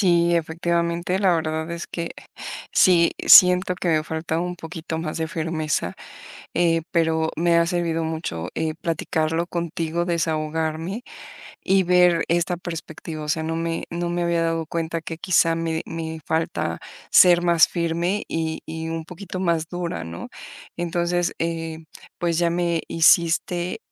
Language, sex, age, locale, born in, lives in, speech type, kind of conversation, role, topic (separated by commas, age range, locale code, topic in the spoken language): Spanish, female, 45-49, es-MX, Mexico, Mexico, conversation, advice, user, ¿Cómo puedo aprender a decir no y establecer límites personales?